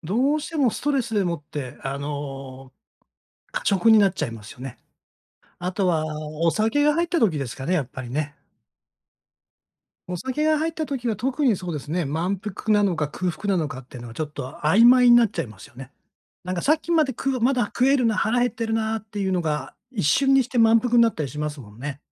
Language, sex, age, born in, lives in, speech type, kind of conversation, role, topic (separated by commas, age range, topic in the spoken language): Japanese, male, 60-64, Japan, Japan, conversation, advice, user, 満腹感と空腹感を見極める